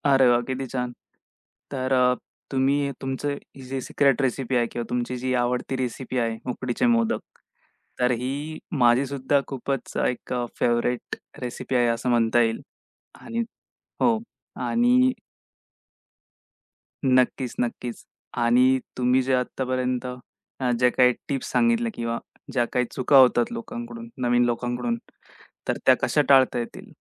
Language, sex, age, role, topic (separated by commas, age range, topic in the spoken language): Marathi, male, 25-29, host, तुमच्या घरची आवडती पारंपारिक रेसिपी कोणती आणि का?